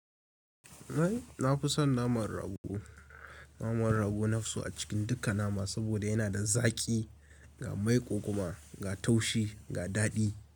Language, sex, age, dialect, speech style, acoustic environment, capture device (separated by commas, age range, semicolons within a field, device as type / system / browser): Hausa, male, 18-24, Nigeria - Kano, focused, appliances; nature; silence, mobile / Linux / Chrome